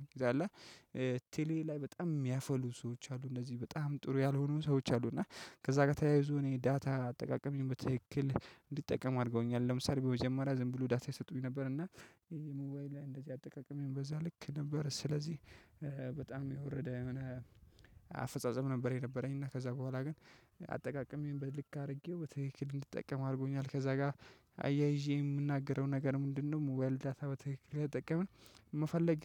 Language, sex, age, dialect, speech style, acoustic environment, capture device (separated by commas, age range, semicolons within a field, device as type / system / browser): Amharic, male, 25-34, Ethiopia - Addis Ababa, relaxed, nature, mobile / Linux / Chrome